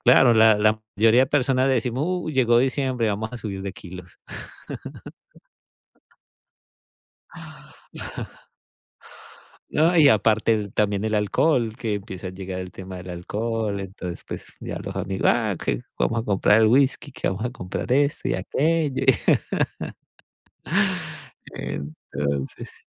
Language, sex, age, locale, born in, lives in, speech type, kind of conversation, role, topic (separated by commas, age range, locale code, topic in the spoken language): Spanish, male, 40-44, es-CO, Colombia, United States, conversation, unstructured, none, ¿Tienes alguna tradición familiar que te guste mucho?